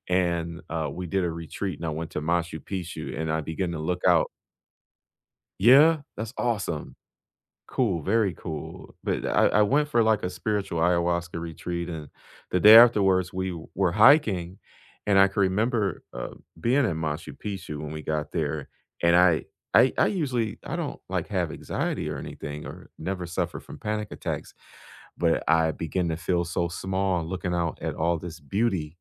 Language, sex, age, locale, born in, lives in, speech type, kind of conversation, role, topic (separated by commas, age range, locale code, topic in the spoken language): English, male, 35-39, en-US, United States, United States, conversation, unstructured, none, What is a surprising fact you know about space?